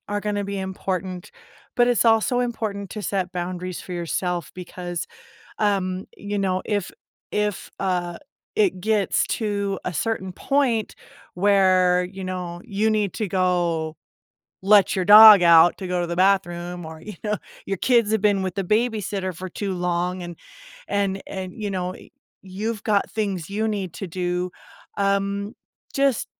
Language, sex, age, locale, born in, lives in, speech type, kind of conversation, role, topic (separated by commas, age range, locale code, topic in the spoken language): English, female, 40-44, en-US, United States, United States, conversation, podcast, guest, How can we be there for friends who are struggling without overstepping or neglecting our own well-being?